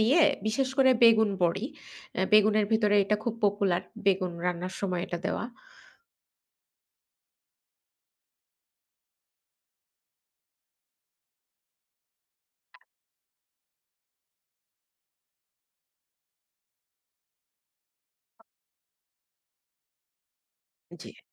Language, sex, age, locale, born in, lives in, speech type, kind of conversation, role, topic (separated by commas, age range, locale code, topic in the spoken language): Bengali, female, 30-34, bn-BD, Bangladesh, Bangladesh, conversation, podcast, guest, আপনার কাছে ‘বাড়ির স্বাদ’ বলতে কী বোঝায়?